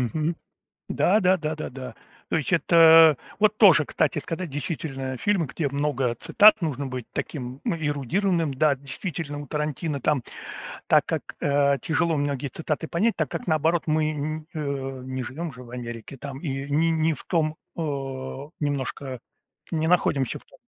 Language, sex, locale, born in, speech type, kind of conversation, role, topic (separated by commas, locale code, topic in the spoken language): Russian, male, ru-RU, Russia, conversation, unstructured, none, Какой фильм вам нравится больше всего и почему?